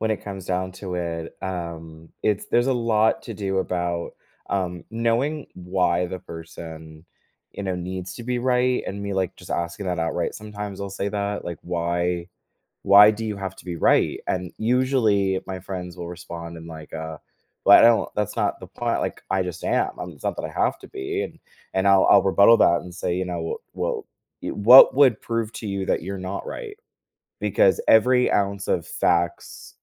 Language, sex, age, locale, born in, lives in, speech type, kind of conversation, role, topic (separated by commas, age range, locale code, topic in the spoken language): English, male, 20-24, en-US, United States, United States, conversation, podcast, guest, What helps maintain strong friendships when disagreements arise?